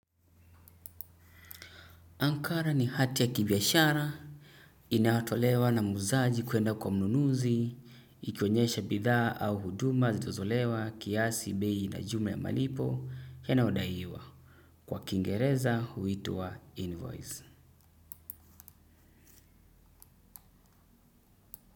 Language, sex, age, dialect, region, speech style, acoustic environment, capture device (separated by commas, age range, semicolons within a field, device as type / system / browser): Swahili, male, 25-34, Kenya - Mombasa, Kenya, happy, silence, desktop / Windows / Edge